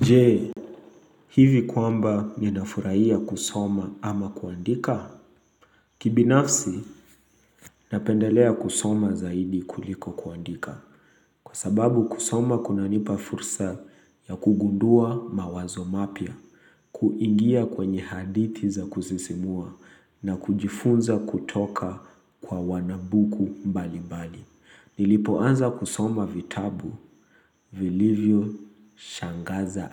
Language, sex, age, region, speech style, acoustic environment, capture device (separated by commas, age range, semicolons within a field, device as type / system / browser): Swahili, male, 25-34, Kenya, excited, nature, mobile / Linux / Chrome